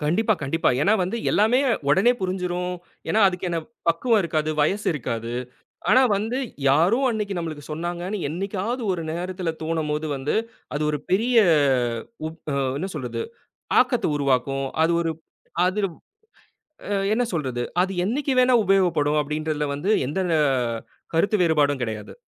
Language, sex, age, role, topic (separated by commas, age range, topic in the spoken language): Tamil, male, 30-34, guest, பயணத்தில் சந்தித்த ஒருவர் உங்களை எவ்வாறு பாதித்தார்?